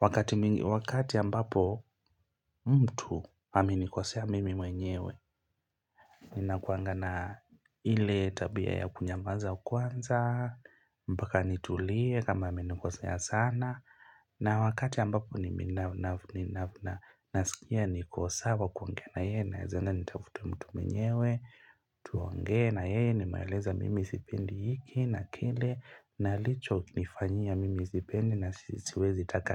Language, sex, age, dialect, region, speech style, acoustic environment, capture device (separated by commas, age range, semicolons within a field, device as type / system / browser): Swahili, male, 25-34, Kenya - Mombasa, Kenya, happy, silence; music, mobile / Linux / Chrome